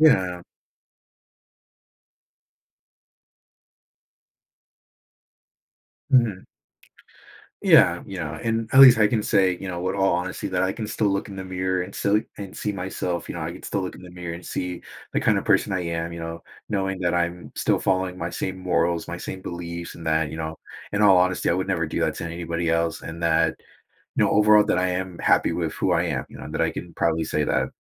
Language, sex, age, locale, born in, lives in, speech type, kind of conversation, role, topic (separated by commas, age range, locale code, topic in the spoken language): English, male, 20-24, en-US, United States, United States, conversation, advice, user, How can I make friends?